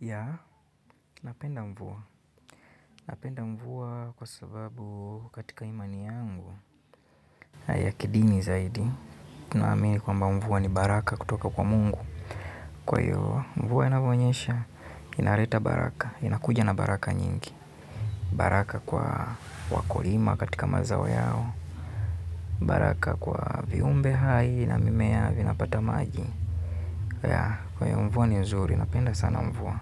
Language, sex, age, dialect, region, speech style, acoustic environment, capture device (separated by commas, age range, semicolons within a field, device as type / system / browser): Swahili, male, 25-34, Kenya - Nairobi Sheng-influenced, Kenya, relaxed; happy; focused, silence, mobile / Linux / Chrome